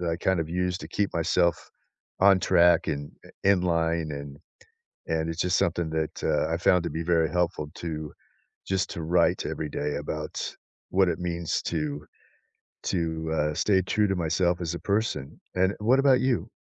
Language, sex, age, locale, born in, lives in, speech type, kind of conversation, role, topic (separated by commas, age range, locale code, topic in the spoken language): English, male, 60-64, en-US, United States, United States, conversation, unstructured, none, What does being yourself mean to you?